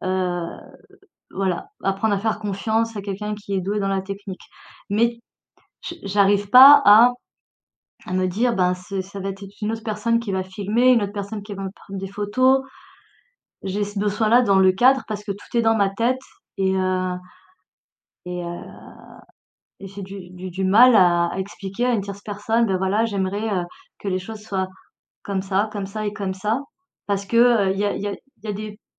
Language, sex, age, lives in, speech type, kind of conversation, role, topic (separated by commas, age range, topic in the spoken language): French, female, 40-44, France, conversation, advice, user, Pourquoi ai-je du mal à commencer un projet important ?